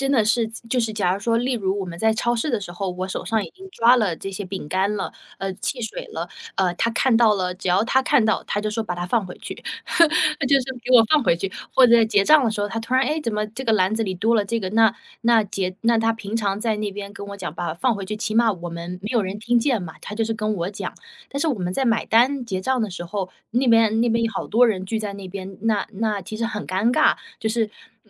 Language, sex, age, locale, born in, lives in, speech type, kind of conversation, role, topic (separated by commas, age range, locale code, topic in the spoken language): Chinese, female, 30-34, zh-CN, China, United States, conversation, advice, user, 我该如何在恋爱关系中设立并维护健康的界限？